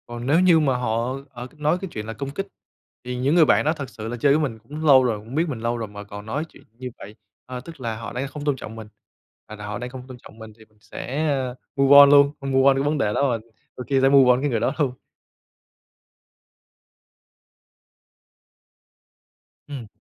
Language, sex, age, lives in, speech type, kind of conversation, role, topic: Vietnamese, male, 25-29, Vietnam, conversation, podcast, guest, Bạn đối mặt với lời chê như thế nào khi đổi phong cách?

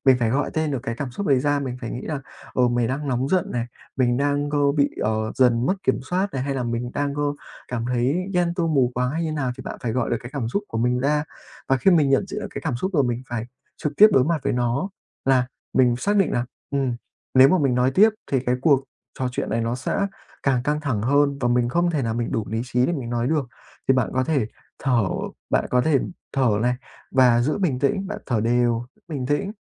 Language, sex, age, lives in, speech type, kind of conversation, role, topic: Vietnamese, male, 20-24, Vietnam, conversation, advice, advisor, Làm sao để kiểm soát cảm xúc khi tranh cãi với người yêu để tránh làm tổn thương nhau?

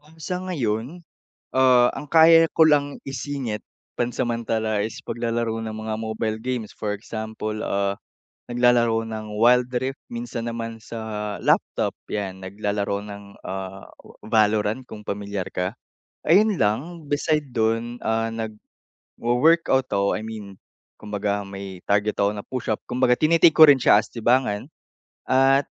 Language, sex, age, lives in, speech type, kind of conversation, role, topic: Filipino, male, 45-49, Philippines, conversation, advice, user, Paano ako makakahanap ng oras para maglibang sa gitna ng aking pagiging abala?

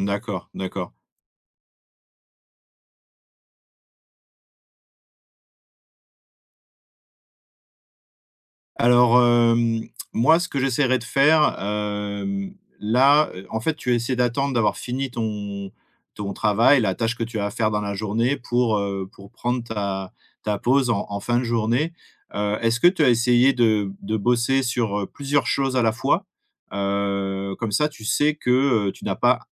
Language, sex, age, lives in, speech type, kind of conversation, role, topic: French, male, 35-39, France, conversation, advice, advisor, Comment s’est passée votre transition vers le télétravail et quelles difficultés rencontrez-vous pour instaurer des limites entre vie professionnelle et vie personnelle ?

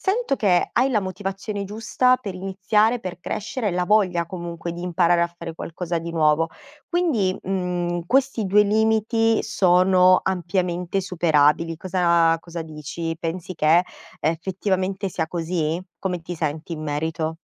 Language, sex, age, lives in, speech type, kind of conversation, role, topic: Italian, female, 30-34, Italy, conversation, advice, advisor, Come posso cambiare carriera senza rinunciare alla stabilità?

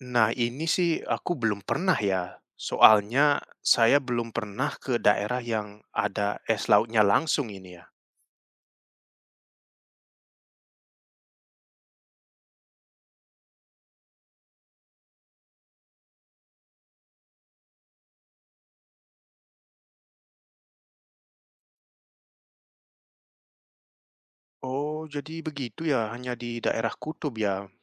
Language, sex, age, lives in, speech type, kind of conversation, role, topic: Indonesian, male, 30-34, Netherlands, read, expert-assistant, asker, Mengapa es mengapung di atas air?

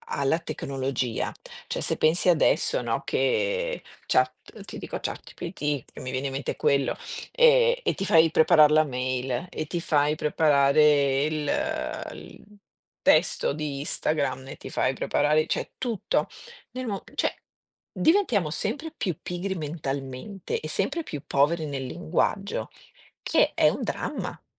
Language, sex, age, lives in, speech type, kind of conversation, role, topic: Italian, female, 50-54, Italy, conversation, unstructured, none, Come ha cambiato la tecnologia la tua vita quotidiana?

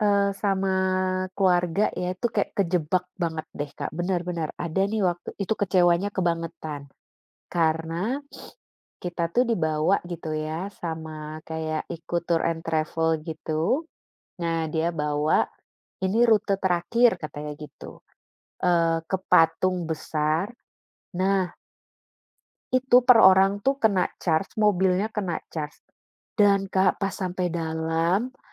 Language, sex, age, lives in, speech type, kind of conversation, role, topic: Indonesian, female, 35-39, Netherlands, conversation, unstructured, none, Apakah kamu setuju bahwa banyak tempat wisata menjadi terlalu komersial dan kehilangan keasliannya?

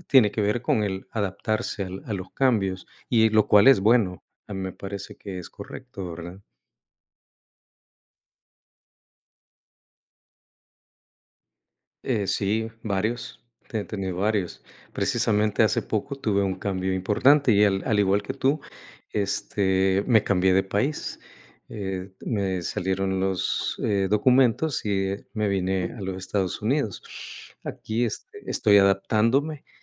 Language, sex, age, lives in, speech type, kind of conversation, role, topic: Spanish, male, 55-59, United States, conversation, unstructured, none, ¿Cómo manejas tu presupuesto mensual?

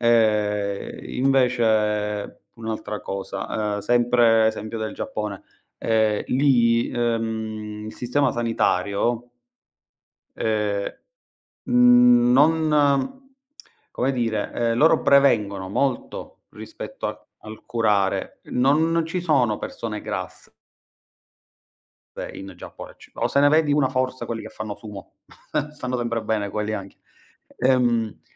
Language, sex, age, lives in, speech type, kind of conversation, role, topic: Italian, male, 35-39, Italy, conversation, unstructured, none, Hai mai avuto l’impressione che la società ignori la salute mentale?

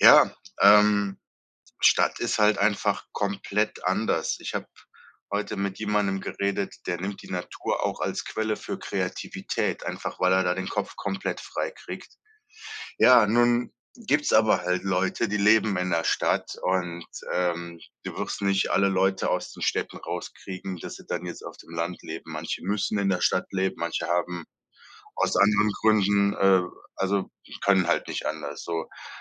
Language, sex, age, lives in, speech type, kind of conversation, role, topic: German, male, 35-39, Germany, conversation, podcast, host, Welche einfachen Wege gibt es, die Natur achtsam wahrzunehmen?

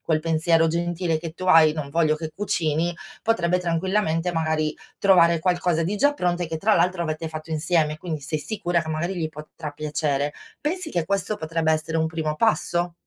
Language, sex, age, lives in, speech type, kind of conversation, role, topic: Italian, female, 40-44, Italy, conversation, advice, advisor, Come posso trovare un equilibrio tra la vita di coppia e la mia autonomia personale?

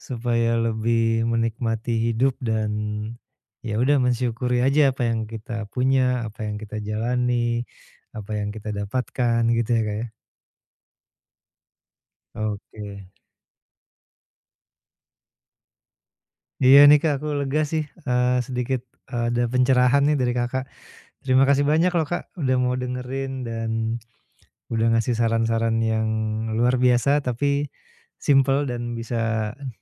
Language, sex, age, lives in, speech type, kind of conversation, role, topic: Indonesian, male, 45-49, Indonesia, conversation, advice, user, Bagaimana caranya rileks setelah hari kerja yang panjang?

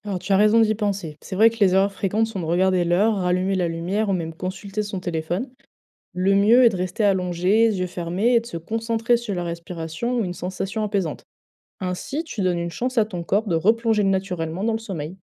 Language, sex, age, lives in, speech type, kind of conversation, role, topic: French, female, 20-24, France, read, expert-assistant, expert, Quelles petites habitudes pourrions-nous essayer pour mieux dormir, même quand la vie est bien remplie ?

